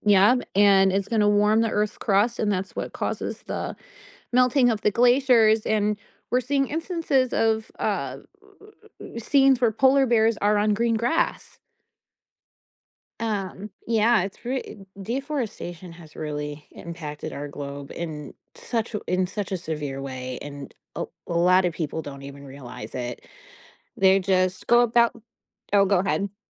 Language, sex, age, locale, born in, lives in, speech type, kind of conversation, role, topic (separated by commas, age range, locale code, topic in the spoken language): English, female, 40-44, en-US, United States, United States, conversation, podcast, guest, Why are healthy forests essential for the planet's well-being?